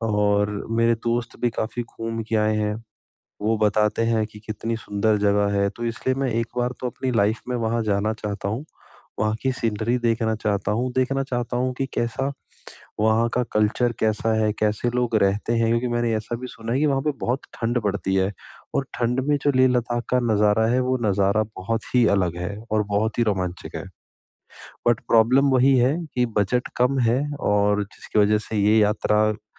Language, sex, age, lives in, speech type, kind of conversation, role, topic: Hindi, male, 30-34, India, conversation, advice, user, मैं कम बजट में सुरक्षित और मज़ेदार यात्रा की योजना कैसे बनाऊँ?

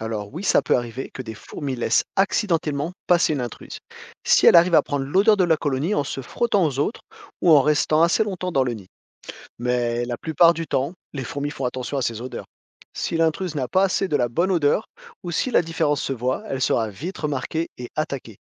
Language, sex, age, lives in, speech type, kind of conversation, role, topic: French, male, 40-44, France, read, expert-assistant, expert, Comment les fourmis communiquent-elles entre elles ?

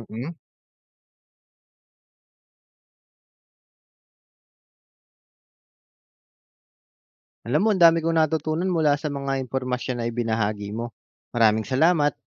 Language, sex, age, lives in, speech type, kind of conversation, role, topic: Filipino, male, 30-34, Philippines, read, expert-assistant, asker, Paano mo malalaman kung totoo at kumpleto ang impormasyong nababasa mo tungkol sa food safety?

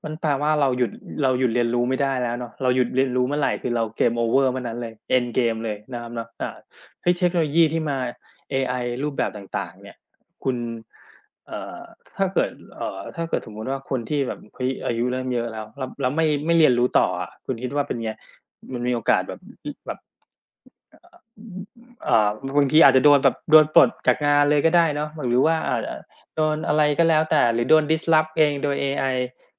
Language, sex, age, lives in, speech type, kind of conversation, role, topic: Thai, male, 40-44, Thailand, conversation, unstructured, none, คุณคิดว่าการสอบบ่อย ๆ ช่วยพัฒนาเด็กหรือทำลายเด็กกันแน่?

